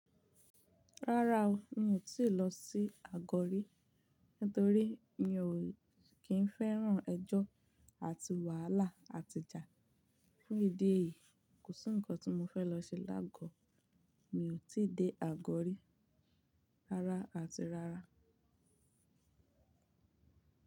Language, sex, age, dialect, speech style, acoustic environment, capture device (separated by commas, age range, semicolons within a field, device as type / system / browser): Yoruba, female, 18-24, Nigeria - Ibadan, focused, silence; nature, mobile / Linux / Chrome